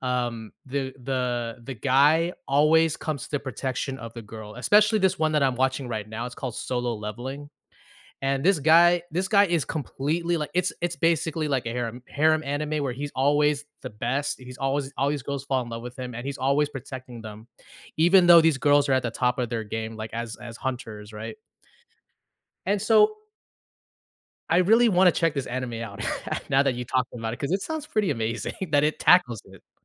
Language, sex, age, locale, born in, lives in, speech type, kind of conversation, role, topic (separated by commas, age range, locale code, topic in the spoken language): English, male, 35-39, en-US, United States, United States, conversation, podcast, host, How can a TV show shape your perspective or connect with your experiences?